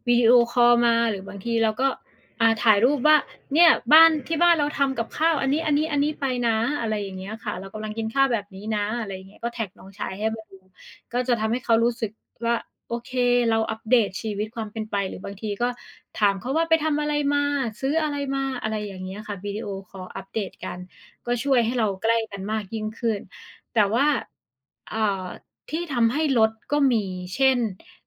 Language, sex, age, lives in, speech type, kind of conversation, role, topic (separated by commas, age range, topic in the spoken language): Thai, female, 30-34, Thailand, conversation, unstructured, none, คุณคิดว่าการใช้เวลาดีๆ ร่วมกับครอบครัวสำคัญแค่ไหน?